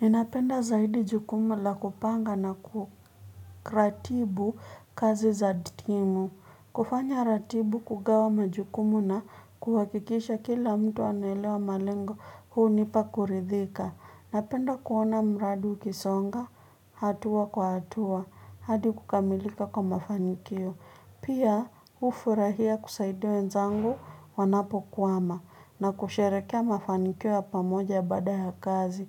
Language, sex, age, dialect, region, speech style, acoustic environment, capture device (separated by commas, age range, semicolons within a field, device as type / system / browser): Swahili, female, 25-34, Kenya - Nairobi Sheng-influenced, Kenya, nervous, people talking, mobile / Linux / Chrome